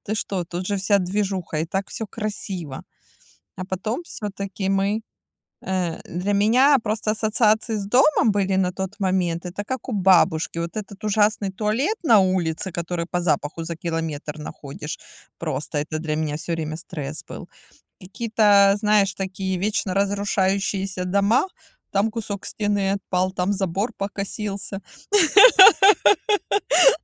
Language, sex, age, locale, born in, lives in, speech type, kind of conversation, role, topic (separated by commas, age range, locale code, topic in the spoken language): Russian, female, 40-44, ru-RU, Ukraine, Spain, conversation, unstructured, none, Вы бы предпочли жить в доме с садом или в квартире в центре города?